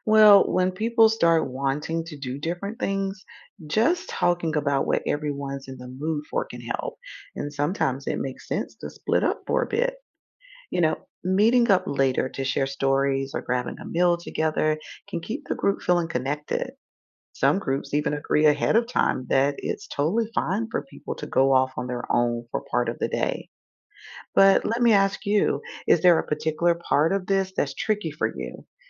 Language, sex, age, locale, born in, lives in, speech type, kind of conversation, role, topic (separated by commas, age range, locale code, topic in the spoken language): English, female, 45-49, en-US, United States, United States, read, expert-assistant, expert, How much of a trip should be planned ahead?